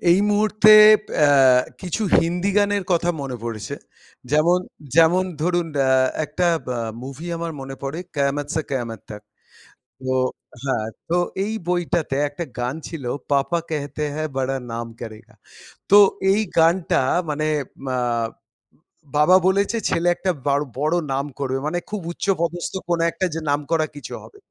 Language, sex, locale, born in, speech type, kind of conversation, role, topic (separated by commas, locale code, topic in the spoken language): Bengali, male, bn-IN, India, conversation, podcast, guest, পুরনো গান শুনলে আপনার মেজাজ কীভাবে বদলে যায়?